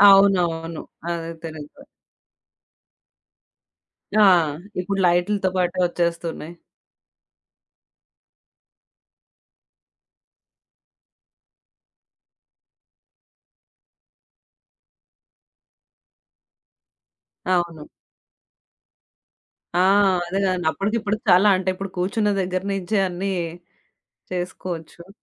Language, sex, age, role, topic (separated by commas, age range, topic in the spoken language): Telugu, female, 30-34, host, చిన్న ఇంటిని సక్రమంగా ఉపయోగించుకుని, స్థలాన్ని చక్కగా నిర్వహించడానికి మీరు ఏం చేస్తారు?